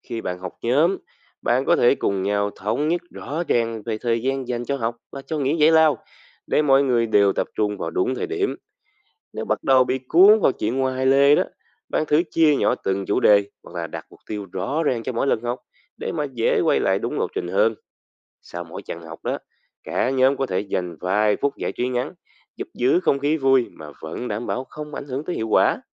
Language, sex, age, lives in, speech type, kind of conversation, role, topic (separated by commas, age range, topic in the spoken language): Vietnamese, male, 20-24, Vietnam, read, expert-assistant, expert, Làm thế nào để cân bằng giữa học tập và giải trí?